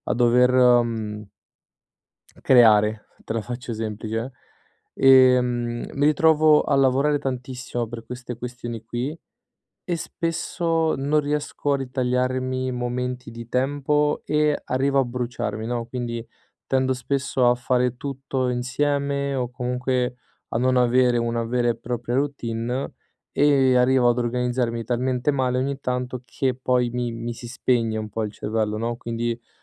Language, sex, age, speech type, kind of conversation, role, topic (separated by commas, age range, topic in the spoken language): Italian, male, 25-29, conversation, advice, user, Come posso usare i vincoli di tempo per essere più creativo?